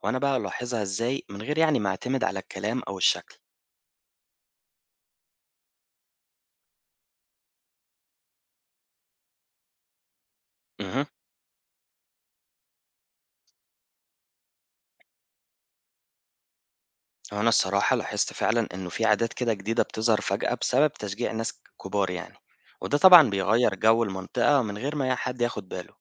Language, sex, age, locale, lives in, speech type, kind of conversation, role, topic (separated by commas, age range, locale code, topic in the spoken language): Arabic, male, 25-29, ar-EG, Egypt, read, expert-assistant, asker, إزاي ممكن أتعلم أفهم تركيبة المجتمع المصري بشكل أعمق؟ يعني إيه الحاجات اللي تساعدني ألاحظ وأستوعب الطبقات والتغيرات الاجتماعية حوالينا؟